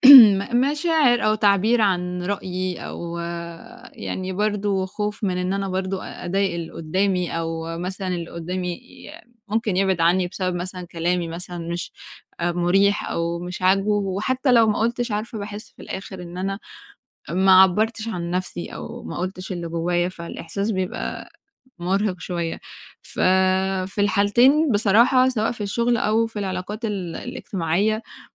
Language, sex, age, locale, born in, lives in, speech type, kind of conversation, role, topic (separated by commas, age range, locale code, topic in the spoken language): Arabic, female, 20-24, ar-EG, Egypt, Egypt, conversation, advice, user, إزاي أدي ملاحظات بنّاءة من غير ما أجرح مشاعر اللي قدامي؟